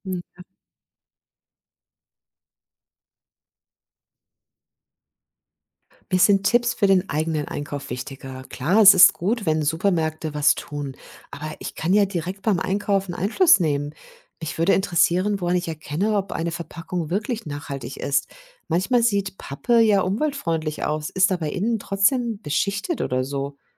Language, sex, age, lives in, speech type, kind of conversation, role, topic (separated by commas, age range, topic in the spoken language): German, female, 50-54, Germany, read, expert-assistant, asker, Wie kann man beim Einkauf von Lebensmitteln auf nachhaltige Verpackungen und regionale Produkte achten?